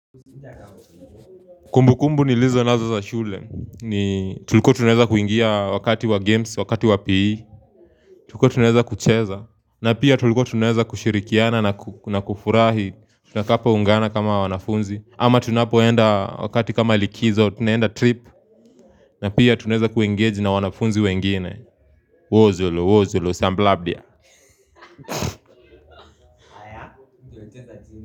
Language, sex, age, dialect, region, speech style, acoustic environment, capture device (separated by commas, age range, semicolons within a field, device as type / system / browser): Swahili, male, 18-24, Kenya - Nairobi Sheng-influenced, Kenya, focused; happy, people talking, mobile / Linux / Chrome